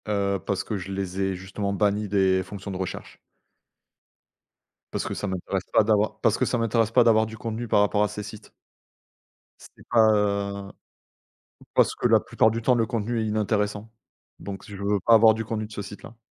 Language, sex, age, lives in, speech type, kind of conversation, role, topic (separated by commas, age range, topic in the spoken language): French, male, 35-39, France, conversation, unstructured, none, Quelle est votre relation avec les réseaux sociaux ?